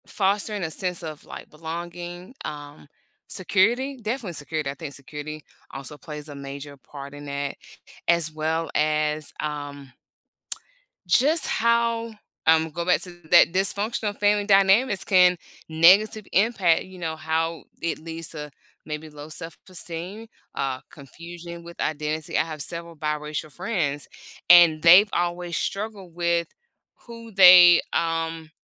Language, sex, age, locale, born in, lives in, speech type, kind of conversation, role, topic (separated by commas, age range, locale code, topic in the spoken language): English, female, 45-49, en-US, United States, United States, conversation, podcast, guest, In what ways do our early family experiences influence the people we become as adults?